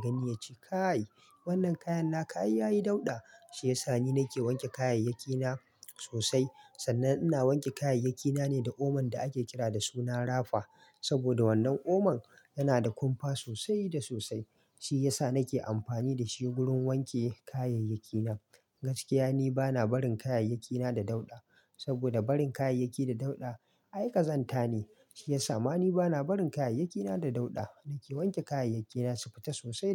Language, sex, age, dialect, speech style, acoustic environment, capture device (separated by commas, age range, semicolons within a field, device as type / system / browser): Hausa, male, 25-34, Nigeria - Kano, happy; relaxed; excited; focused, silence, mobile / Linux / Chrome